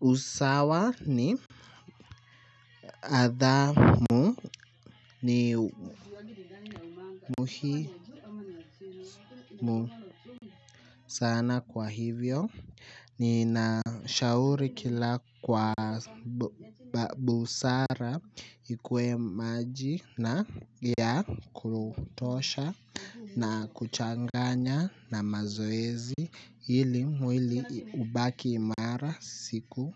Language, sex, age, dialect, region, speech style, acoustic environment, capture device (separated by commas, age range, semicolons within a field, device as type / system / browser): Swahili, male, 18-24, Kenya - Nairobi Sheng-influenced, Kenya, focused; nervous; tired, music; appliances; people talking, mobile / Linux / Chrome